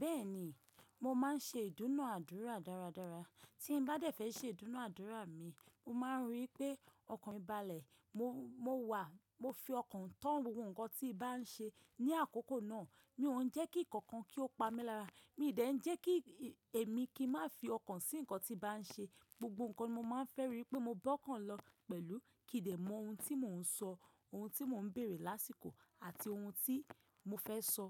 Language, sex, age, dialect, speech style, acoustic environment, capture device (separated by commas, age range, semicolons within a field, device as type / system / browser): Yoruba, female, 25-34, Nigeria - Ibadan, relaxed; focused, nature; silence, mobile / macOS / Safari